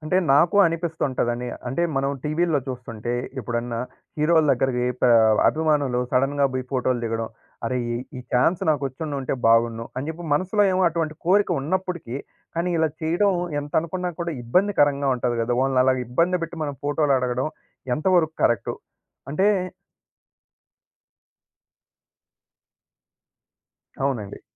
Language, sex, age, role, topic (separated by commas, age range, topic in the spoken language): Telugu, male, 20-24, guest, సెలబ్రిటీ జీవితశైలి సాధారణ ప్రజలపై ఎలా ప్రభావం చూపుతుంది?